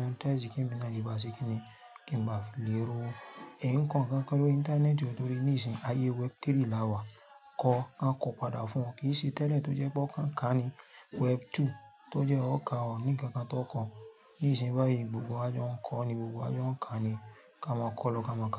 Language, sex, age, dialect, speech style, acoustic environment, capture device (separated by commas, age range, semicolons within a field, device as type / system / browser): Yoruba, female, 35-44, Nigeria - Lagos Yoruba, focused, nature, mobile / Linux / Chrome